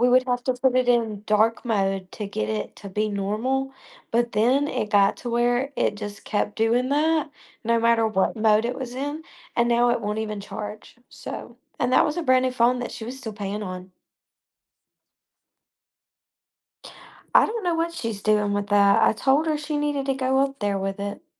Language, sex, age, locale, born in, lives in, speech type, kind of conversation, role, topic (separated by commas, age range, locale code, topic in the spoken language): English, female, 20-24, en-US, United States, United States, conversation, advice, user, What steps can I take to secure my smartphone against hackers and malware?